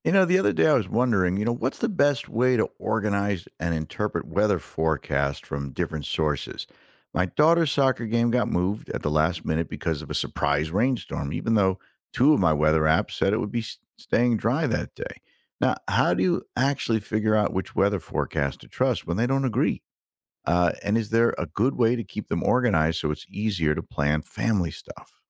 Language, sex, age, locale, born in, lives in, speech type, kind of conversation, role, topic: English, male, 55-59, en-US, United States, United States, read, expert-assistant, asker, How can I compare, organize, and interpret weather forecasts from different sources so I know which one to trust?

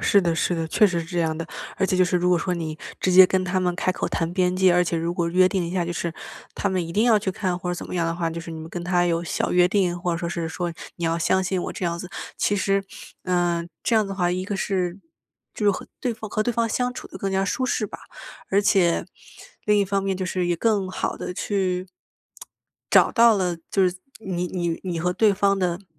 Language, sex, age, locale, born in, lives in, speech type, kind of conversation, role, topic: Chinese, female, 25-29, zh-CN, China, United States, conversation, podcast, host, 家人之间互相查看手机可以吗?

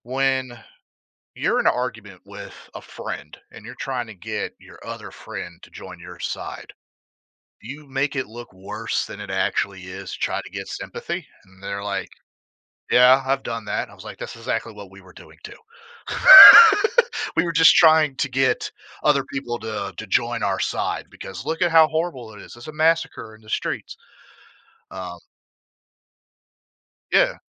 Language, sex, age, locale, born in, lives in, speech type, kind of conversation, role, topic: English, male, 30-34, en-US, United States, United States, conversation, podcast, guest, What are some ways to make learning more engaging and enjoyable for everyone?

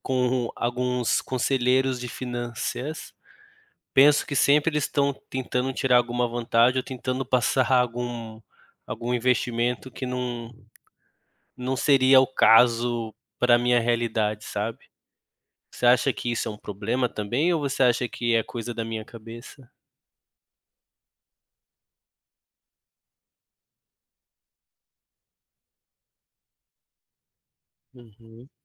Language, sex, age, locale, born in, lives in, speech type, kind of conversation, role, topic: Portuguese, male, 30-34, pt-BR, Brazil, Germany, conversation, advice, user, Devo comprar uma casa própria ou continuar alugando?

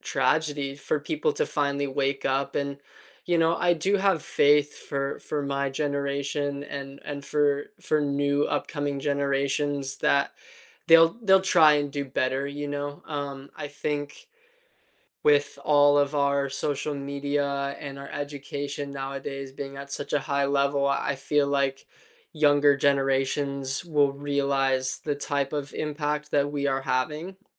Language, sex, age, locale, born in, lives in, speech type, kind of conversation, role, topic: English, male, 20-24, en-US, United States, United States, conversation, unstructured, none, How do you feel about people wasting natural resources?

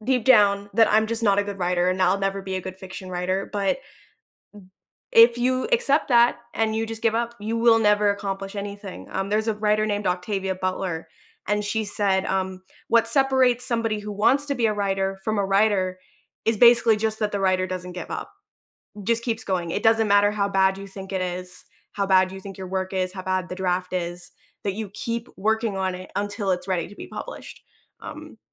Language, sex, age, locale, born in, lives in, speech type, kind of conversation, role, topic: English, female, 25-29, en-US, United States, United States, conversation, podcast, guest, How can setbacks help us grow and innovate creatively?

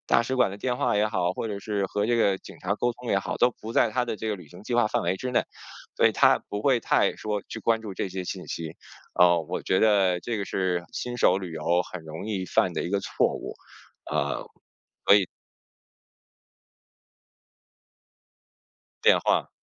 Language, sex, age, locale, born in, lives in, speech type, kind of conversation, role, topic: Chinese, male, 40-44, zh-CN, China, United States, conversation, podcast, guest, 能分享一下你的旅行经验吗？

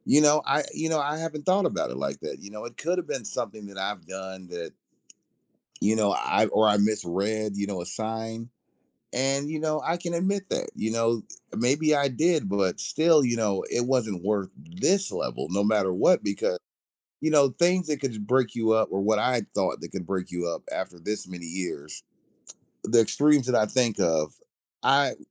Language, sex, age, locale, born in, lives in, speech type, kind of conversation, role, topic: English, male, 40-44, en-US, United States, United States, conversation, advice, user, How can I heal and regain focus after a recent breakup?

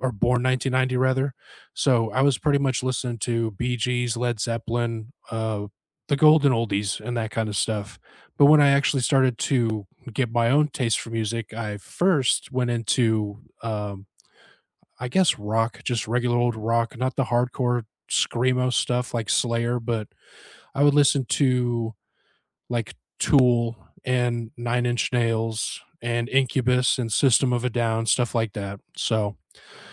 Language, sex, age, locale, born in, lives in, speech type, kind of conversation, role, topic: English, male, 35-39, en-US, United States, United States, conversation, unstructured, none, How has your music taste evolved from your first musical crushes to your favorite artists today?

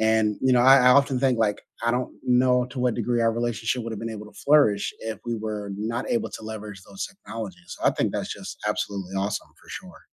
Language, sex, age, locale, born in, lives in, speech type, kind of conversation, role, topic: English, male, 35-39, en-US, United States, United States, conversation, unstructured, none, How has your use of technology changed the most over the past few years?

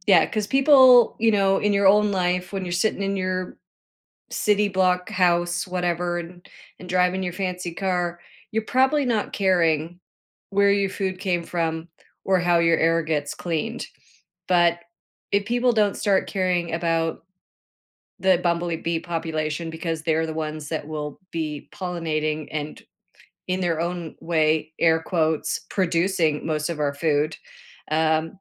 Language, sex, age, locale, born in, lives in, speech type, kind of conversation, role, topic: English, female, 50-54, en-CA, Canada, United States, conversation, podcast, guest, How do healthy forests contribute to a better world for everyone?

